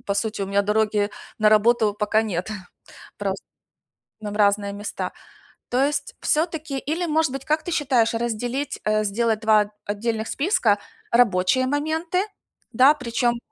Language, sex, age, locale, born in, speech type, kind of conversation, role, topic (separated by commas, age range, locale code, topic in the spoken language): Russian, female, 45-49, ru-UA, Ukraine, conversation, advice, user, Как мне регулярно и понятно записывать идеи и новые концепции?